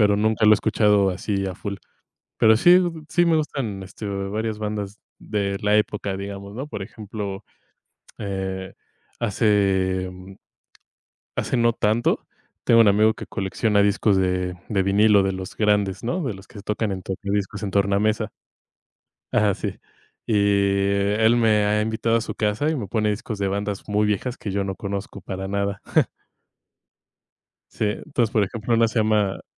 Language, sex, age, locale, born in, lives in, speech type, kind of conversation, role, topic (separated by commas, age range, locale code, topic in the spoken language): Spanish, male, 25-29, es-MX, Mexico, Mexico, conversation, unstructured, none, ¿Has descubierto a algún artista nuevo que te haya sorprendido?